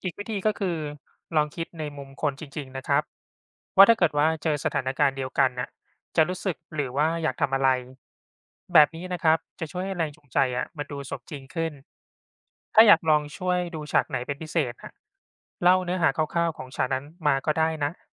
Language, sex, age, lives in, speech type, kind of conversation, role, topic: Thai, male, 40-44, Thailand, read, expert-assistant, expert, จะเขียนนิยายยังไงให้ลื่นเมื่อรู้สึกตัน?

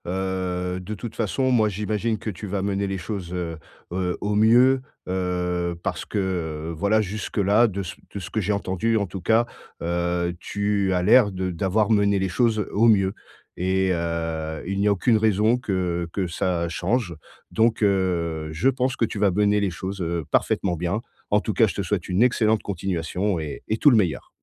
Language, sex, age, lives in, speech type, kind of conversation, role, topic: French, male, 40-44, France, conversation, advice, advisor, Comment puis-je m’adapter à mes nouvelles conditions de logement ?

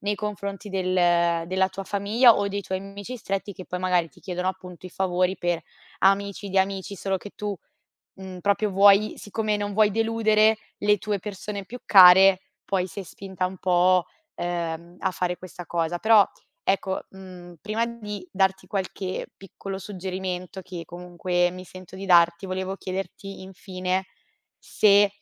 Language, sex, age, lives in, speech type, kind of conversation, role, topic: Italian, female, 25-29, Italy, conversation, advice, advisor, Come posso gestire il senso di colpa quando dico di no?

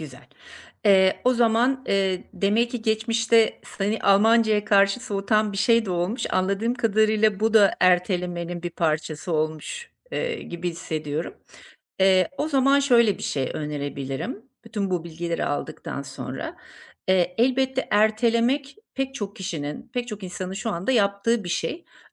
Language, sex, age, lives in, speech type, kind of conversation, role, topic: Turkish, female, 50-54, Portugal, conversation, advice, advisor, Bahanelerimi nasıl aşabilir ve düzenli çalışma alışkanlığı nasıl kazanabilirim?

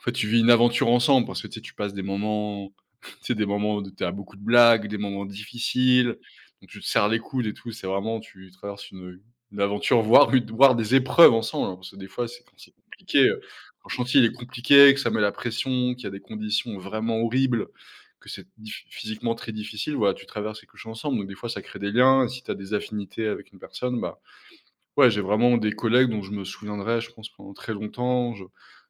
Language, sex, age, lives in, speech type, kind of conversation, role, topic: French, male, 30-34, France, conversation, podcast, guest, Comment sais-tu qu’il est temps de changer de travail ?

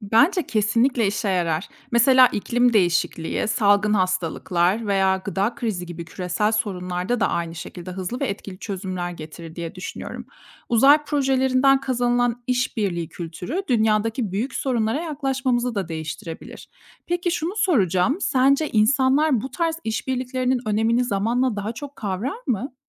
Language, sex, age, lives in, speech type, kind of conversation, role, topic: Turkish, female, 30-34, Germany, read, expert-assistant, asker, Ay’a mı, yoksa Mars’a mı insan göndermek daha heyecan verici ve anlamlı bir ilerleme olurdu?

